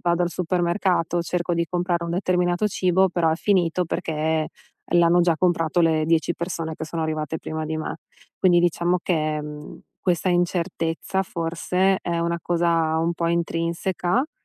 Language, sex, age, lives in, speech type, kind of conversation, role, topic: Italian, female, 35-39, United States, conversation, advice, advisor, Come posso accettare di non avere il controllo sugli esiti?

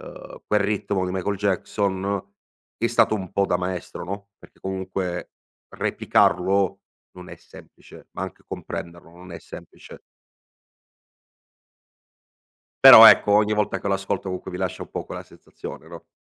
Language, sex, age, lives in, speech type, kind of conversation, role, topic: Italian, male, 25-29, Italy, conversation, podcast, guest, Qual è il tuo primo ricordo legato alla musica?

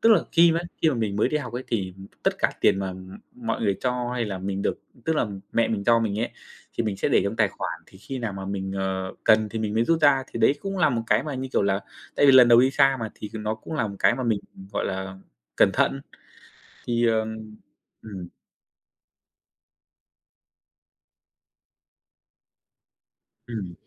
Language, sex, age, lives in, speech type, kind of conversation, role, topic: Vietnamese, male, 25-29, Vietnam, conversation, podcast, guest, Bạn có lời khuyên nào dành cho người lần đầu đi xa không?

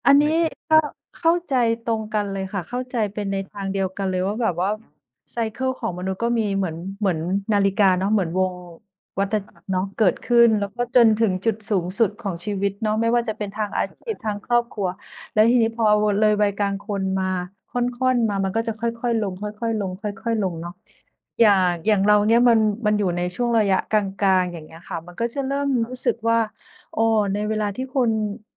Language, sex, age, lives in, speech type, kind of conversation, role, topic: Thai, female, 45-49, Thailand, conversation, unstructured, none, คุณคิดว่าสิ่งสำคัญที่สุดในการเติบโตคืออะไร?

